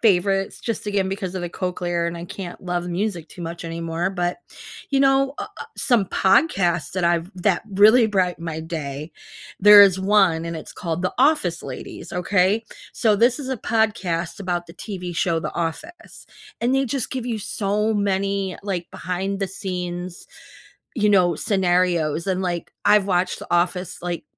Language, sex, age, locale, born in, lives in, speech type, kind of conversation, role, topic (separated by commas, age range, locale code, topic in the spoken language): English, female, 50-54, en-US, United States, United States, conversation, unstructured, none, Which songs or podcasts brighten your mornings, and what makes them your feel-good go-tos?